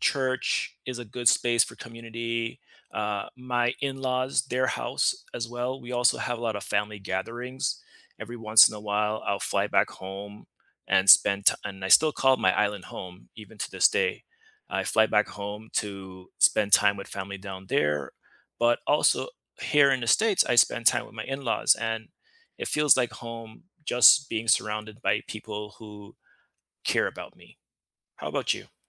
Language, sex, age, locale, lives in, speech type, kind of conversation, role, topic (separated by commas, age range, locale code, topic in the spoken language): English, male, 35-39, en-US, United States, conversation, unstructured, none, How has your sense of home evolved from childhood rooms to the spaces you choose now?